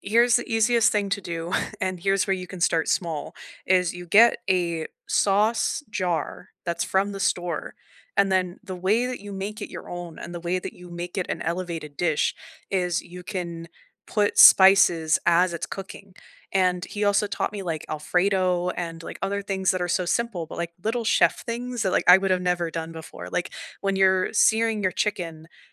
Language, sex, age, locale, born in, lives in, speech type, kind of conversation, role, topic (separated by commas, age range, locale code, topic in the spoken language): English, female, 25-29, en-US, United States, Canada, conversation, unstructured, none, What quick kitchen fixes have made cooking feel easier for you?